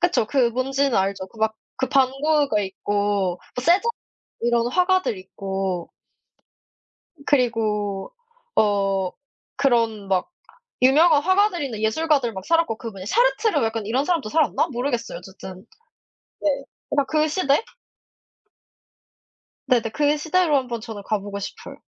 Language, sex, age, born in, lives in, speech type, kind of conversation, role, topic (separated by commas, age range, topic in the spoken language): Korean, female, 20-24, South Korea, South Korea, conversation, unstructured, none, 만약 과거로 돌아가 하루를 보낼 수 있다면, 어느 시대로 가고 싶으신가요?